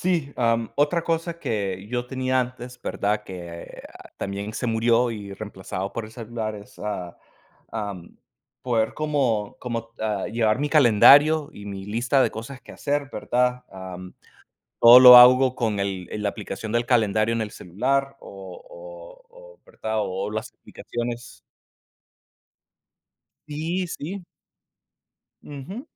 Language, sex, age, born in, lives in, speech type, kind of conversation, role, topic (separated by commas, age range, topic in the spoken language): Spanish, male, 30-34, United States, United States, conversation, unstructured, none, ¿Cómo han afectado los teléfonos inteligentes a tu vida diaria?